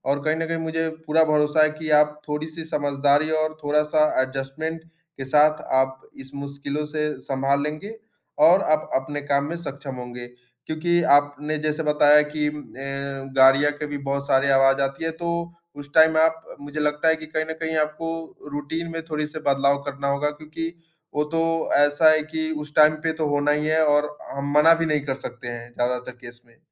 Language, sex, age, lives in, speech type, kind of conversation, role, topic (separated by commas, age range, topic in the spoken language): Hindi, male, 20-24, India, conversation, advice, advisor, क्या आप शोर-शराबे या ध्यान भटकाने वाली चीज़ों की वजह से ध्यान केंद्रित नहीं कर पा रहे हैं?